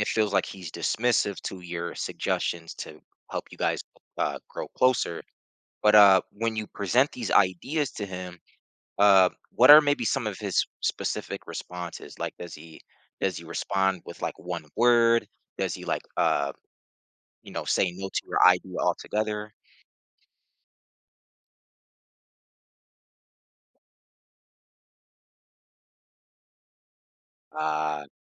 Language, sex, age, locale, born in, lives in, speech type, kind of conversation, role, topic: English, male, 30-34, en-US, United States, United States, conversation, advice, advisor, How can I address feeling ignored by my partner?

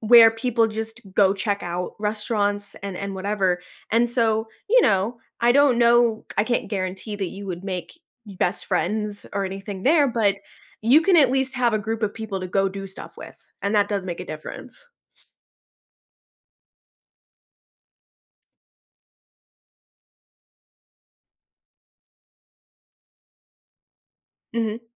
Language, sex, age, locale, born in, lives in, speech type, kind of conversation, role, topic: English, female, 25-29, en-US, United States, United States, conversation, advice, advisor, How can I make my life more exciting?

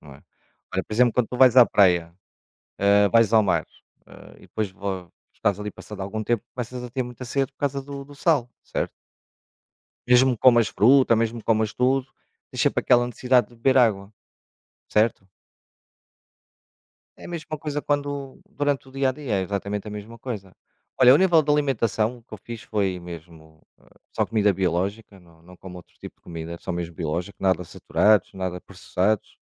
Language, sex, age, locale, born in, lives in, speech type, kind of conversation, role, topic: Portuguese, male, 45-49, pt-PT, Portugal, Portugal, conversation, unstructured, none, Como você mantém uma rotina saudável de exercícios?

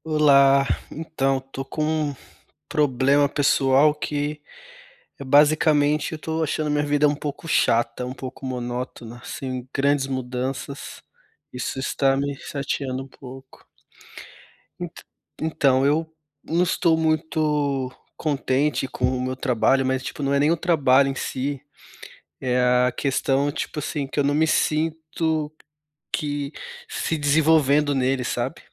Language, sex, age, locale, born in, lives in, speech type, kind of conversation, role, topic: Portuguese, male, 30-34, pt-BR, Brazil, Germany, conversation, advice, user, Como é a sensação de viver uma vida monótona, sem hobbies nem propósito?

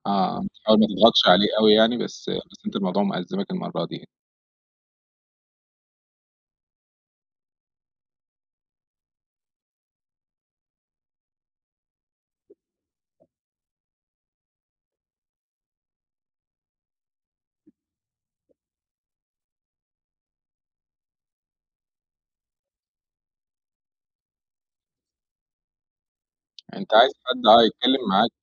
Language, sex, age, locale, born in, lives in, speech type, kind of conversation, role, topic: Arabic, male, 30-34, ar-EG, Egypt, Egypt, conversation, advice, advisor, إزاي أتعامل مع تعليقات قاسية من صديق مقرّب بسبب قرار شخصي خدته؟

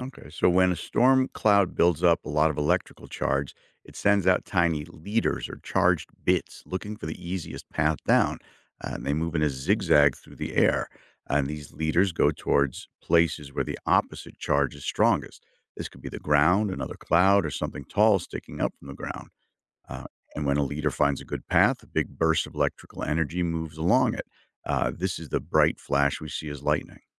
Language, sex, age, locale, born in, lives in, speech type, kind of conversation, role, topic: English, male, 55-59, en-US, United States, United States, read, expert-assistant, expert, How does lightning work and how can we stay safe during storms?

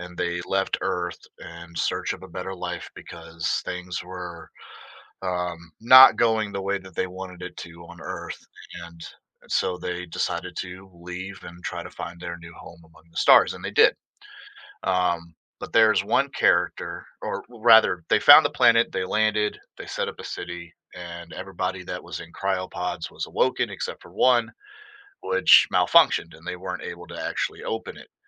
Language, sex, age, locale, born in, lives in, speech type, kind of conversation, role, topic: English, male, 30-34, en-US, United States, United States, conversation, podcast, guest, Which emotions do you try to express through your creativity?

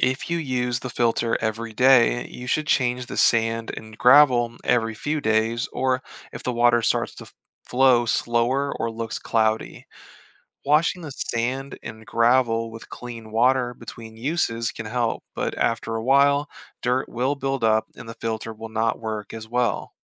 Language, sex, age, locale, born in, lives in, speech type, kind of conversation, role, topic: English, male, 30-34, en-US, Germany, United States, read, expert-assistant, expert, Explain how to build a basic water filter using sand, gravel, and a plastic bottle.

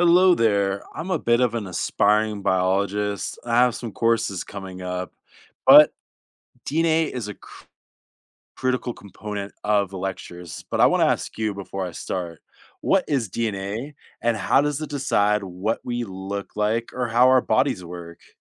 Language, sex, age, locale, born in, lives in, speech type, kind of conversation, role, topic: English, male, 30-34, en-US, United States, United States, read, expert-assistant, asker, How does DNA determine our physical traits?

